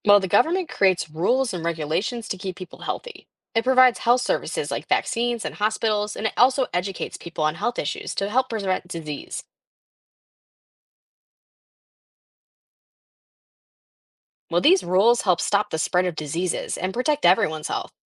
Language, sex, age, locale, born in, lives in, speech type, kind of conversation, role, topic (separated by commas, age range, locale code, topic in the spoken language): English, female, 18-19, en-US, United States, United States, read, expert-assistant, expert, What is the role of government in protecting public health?